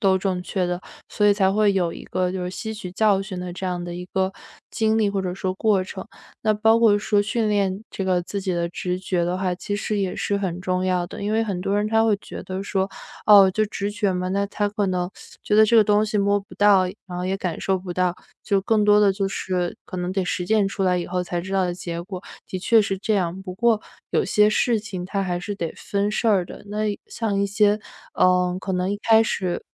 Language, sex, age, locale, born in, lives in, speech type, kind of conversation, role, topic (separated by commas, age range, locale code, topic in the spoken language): Chinese, female, 25-29, zh-CN, China, United States, conversation, podcast, guest, 怎么训练自己更信任直觉？